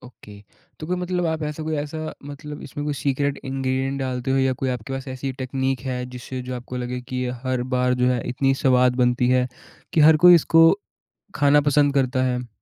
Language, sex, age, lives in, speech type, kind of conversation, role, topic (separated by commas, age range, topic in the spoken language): Hindi, male, 20-24, India, conversation, podcast, host, Aapke parivaar ke liye kaunsi tyohari dish sabse khaas hoti hai?